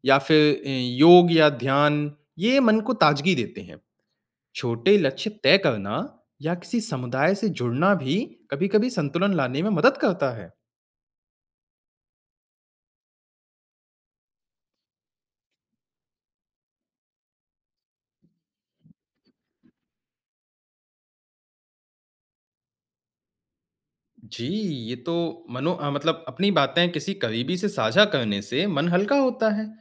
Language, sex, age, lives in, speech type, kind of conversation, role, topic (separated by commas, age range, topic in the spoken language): Hindi, male, 20-24, India, read, expert-assistant, expert, हम जिस जगह पर रहते हैं, क्या वह हमारी सोच और पहचान को बदल देती है?